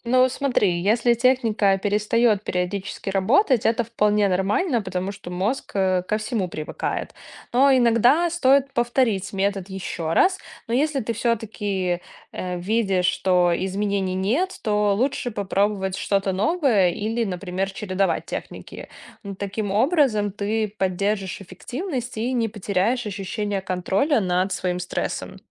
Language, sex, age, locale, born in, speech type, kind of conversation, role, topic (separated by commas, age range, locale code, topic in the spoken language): Russian, female, 30-34, ru-RU, Belarus, read, expert-assistant, expert, Какие неожиданные или необычные способы снятия стресса стоит опробовать каждому?